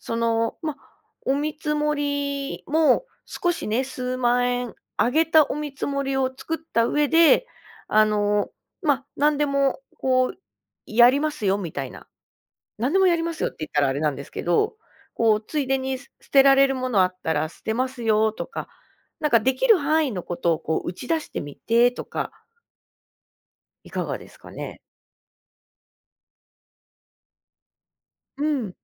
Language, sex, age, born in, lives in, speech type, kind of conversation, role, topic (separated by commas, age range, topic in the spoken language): Japanese, female, 35-39, Japan, Japan, conversation, advice, advisor, 仕事で適切な線引きができず、つい毎回対応してしまうのはなぜですか？